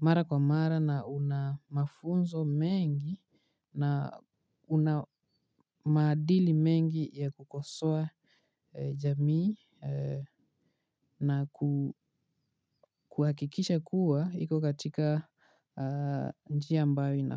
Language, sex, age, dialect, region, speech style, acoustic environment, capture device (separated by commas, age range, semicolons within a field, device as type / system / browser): Swahili, male, 18-24, Kenya - Nairobi Sheng-influenced, Kenya, relaxed, nature, mobile / Linux / Chrome